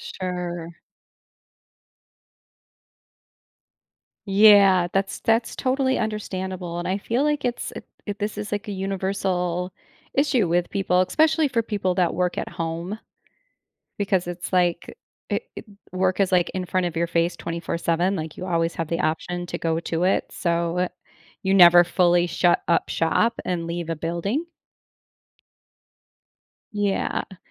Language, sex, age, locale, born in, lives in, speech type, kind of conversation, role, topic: English, female, 45-49, en-US, United States, United States, conversation, advice, advisor, How can I spend my free time more meaningfully?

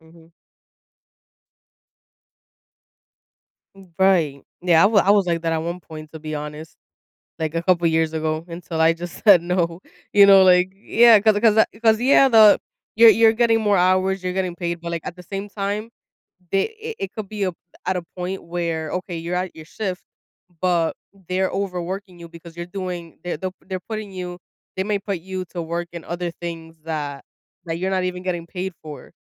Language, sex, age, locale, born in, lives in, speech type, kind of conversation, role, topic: English, female, 25-29, en-US, United States, United States, conversation, podcast, host, What factors make it challenging to maintain a healthy balance between work and personal life?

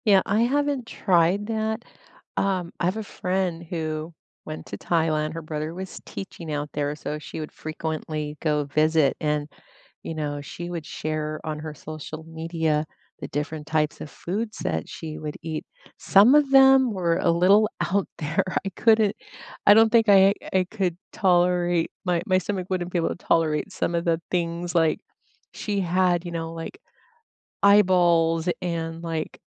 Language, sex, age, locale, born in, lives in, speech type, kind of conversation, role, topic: English, female, 50-54, en-US, United States, United States, conversation, unstructured, none, What are your favorite street foods, and how do you find the best places to buy them?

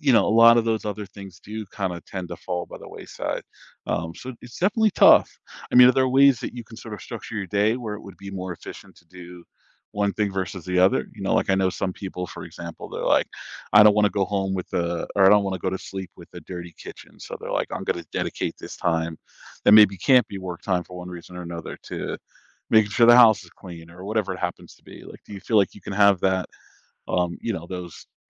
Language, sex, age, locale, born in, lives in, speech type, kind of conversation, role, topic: English, male, 40-44, en-US, United States, United States, conversation, advice, advisor, How can I manage daily responsibilities without feeling overwhelmed?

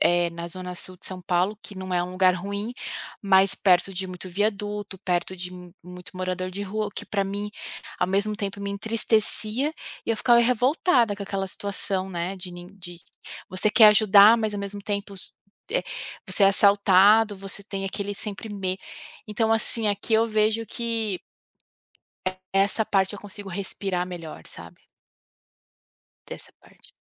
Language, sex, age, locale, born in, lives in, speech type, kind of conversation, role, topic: Portuguese, female, 35-39, pt-BR, Brazil, United States, conversation, podcast, guest, Que perspectiva você ganhou ao conhecer lugares diferentes?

